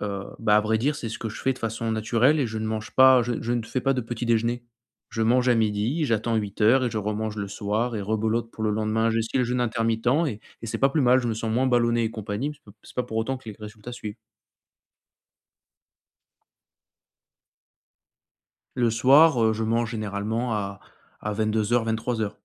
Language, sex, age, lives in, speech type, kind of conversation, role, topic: French, male, 25-29, France, conversation, advice, user, Comment gérer ma frustration face à des progrès sportifs trop lents après une blessure ?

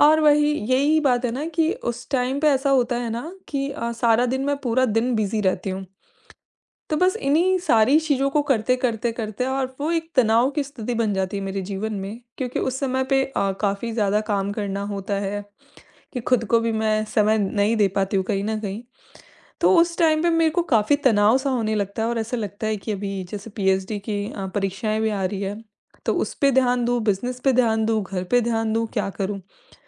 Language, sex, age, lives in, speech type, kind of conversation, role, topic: Hindi, female, 25-29, India, conversation, advice, user, काम और निजी जीवन में संतुलन बनाए रखना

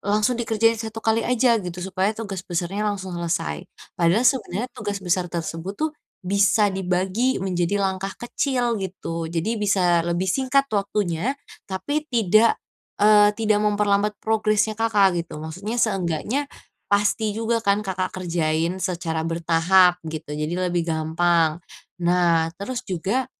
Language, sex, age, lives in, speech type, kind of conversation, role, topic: Indonesian, female, 20-24, Indonesia, conversation, advice, advisor, Bagaimana saya membagi waktu antar tugas penting tanpa merasa kewalahan?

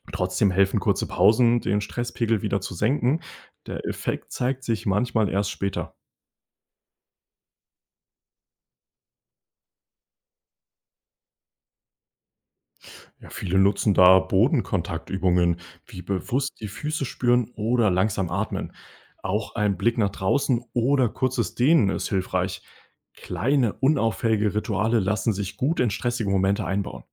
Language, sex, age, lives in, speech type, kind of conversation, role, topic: German, male, 20-24, Germany, read, expert-assistant, expert, Welche alltäglichen Gewohnheiten helfen dabei, sich langfristig zu verbessern und persönlich zu wachsen?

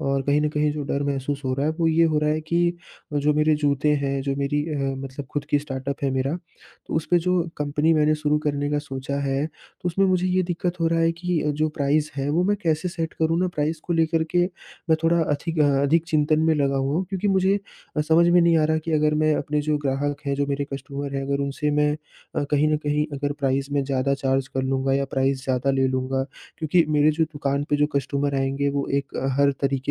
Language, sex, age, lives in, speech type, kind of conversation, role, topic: Hindi, male, 20-24, India, conversation, advice, user, उत्पाद/सेवा की कीमत तय करते समय ग्राहकों से ज्यादा वसूलने को लेकर मुझे अपराधबोध और डर क्यों होता है?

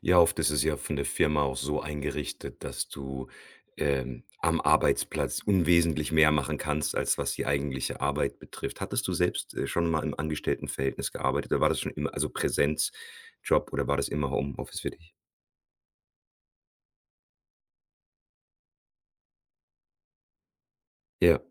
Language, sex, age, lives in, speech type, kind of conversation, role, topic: German, male, 40-44, Germany, conversation, podcast, host, Was sind deine besten Tipps, um im Homeoffice nicht zu prokrastinieren?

